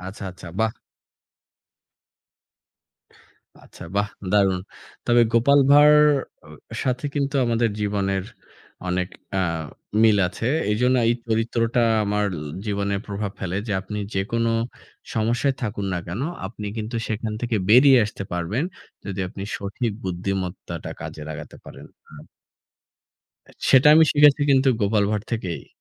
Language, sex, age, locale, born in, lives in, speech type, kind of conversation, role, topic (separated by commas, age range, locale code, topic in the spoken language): Bengali, male, 25-29, bn-BD, Bangladesh, Bangladesh, conversation, unstructured, none, ছোটবেলায় তোমার সবচেয়ে প্রিয় কার্টুন কোনটি ছিল?